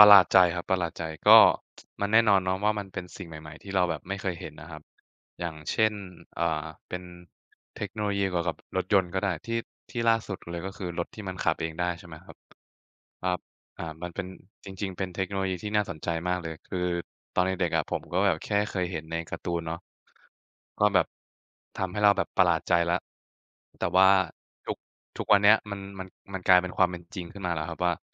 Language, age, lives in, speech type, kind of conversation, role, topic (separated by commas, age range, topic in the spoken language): Thai, 20-24, Thailand, conversation, unstructured, none, คุณเคยรู้สึกประหลาดใจเวลาได้ลองใช้เทคโนโลยีใหม่ๆ ไหม?